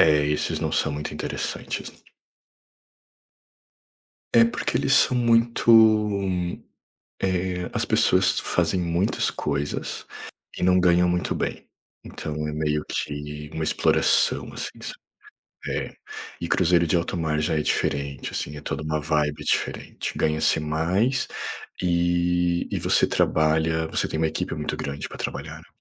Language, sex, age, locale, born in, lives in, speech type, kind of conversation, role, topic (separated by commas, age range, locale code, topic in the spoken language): Portuguese, male, 30-34, pt-BR, Brazil, Portugal, conversation, unstructured, none, Como você escolheu a sua profissão?